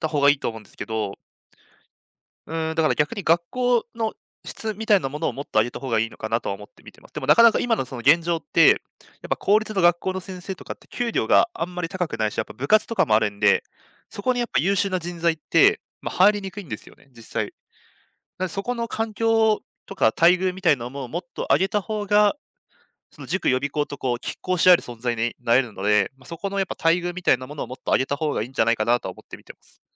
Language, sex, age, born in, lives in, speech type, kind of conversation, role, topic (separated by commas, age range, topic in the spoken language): Japanese, male, 20-24, Japan, Japan, conversation, podcast, guest, 塾や予備校の役割をどのように捉えていますか？